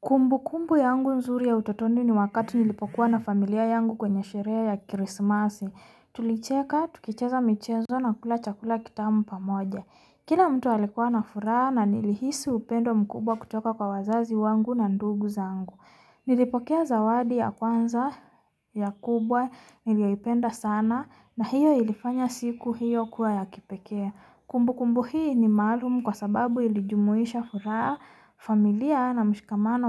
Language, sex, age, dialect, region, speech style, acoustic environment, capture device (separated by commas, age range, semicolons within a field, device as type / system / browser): Swahili, female, 25-34, Kenya - Nairobi Sheng-influenced, Kenya, tired, nature, mobile / Linux / Chrome